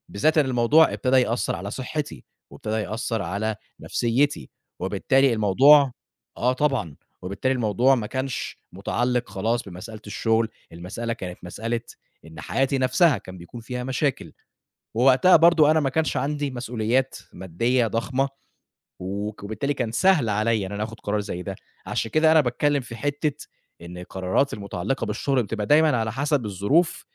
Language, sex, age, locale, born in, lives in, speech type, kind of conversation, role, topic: Arabic, male, 25-29, ar-EG, Egypt, Egypt, conversation, podcast, guest, إمتى تقرر تسيب شغلك وتدور على فرصة تانية، وإمتى تكمّل فيه؟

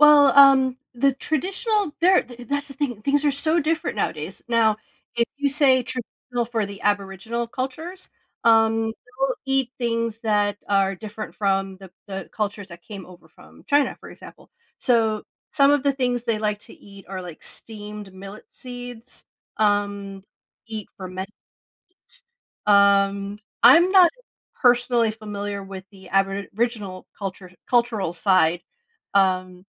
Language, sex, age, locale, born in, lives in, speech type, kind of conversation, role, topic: English, female, 40-44, en-US, United States, United States, conversation, podcast, guest, In what ways do our cultural backgrounds influence our eating habits and food choices?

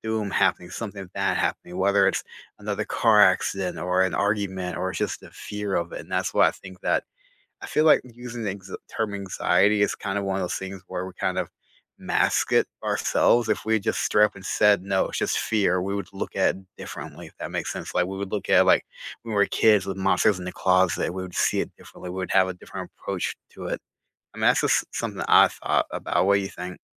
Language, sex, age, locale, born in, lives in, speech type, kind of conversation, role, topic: English, male, 30-34, en-US, United States, United States, conversation, unstructured, none, What hobbies have you discovered through a show, movie, or podcast?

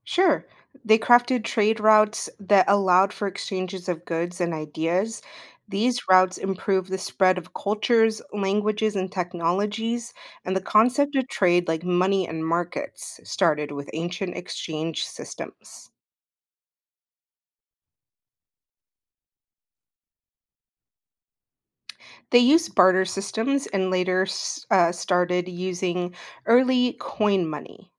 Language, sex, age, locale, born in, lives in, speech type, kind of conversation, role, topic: English, female, 30-34, en-US, United States, United States, read, expert-assistant, expert, How do ancient civilizations influence modern societies?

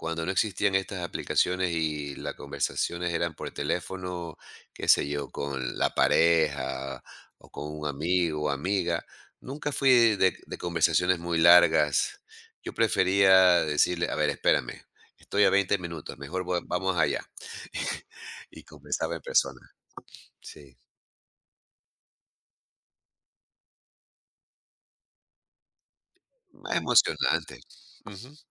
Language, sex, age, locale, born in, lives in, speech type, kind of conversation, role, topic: Spanish, male, 55-59, es-EC, Ecuador, United States, conversation, podcast, guest, ¿Cómo equilibras el hablar y el escuchar en una conversación?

